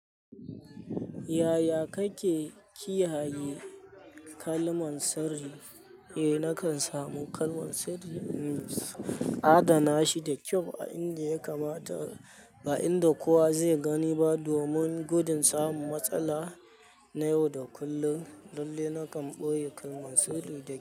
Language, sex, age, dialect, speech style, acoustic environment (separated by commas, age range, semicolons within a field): Hausa, male, 25-34, Nigeria - Kano, happy; anxious, people talking; nature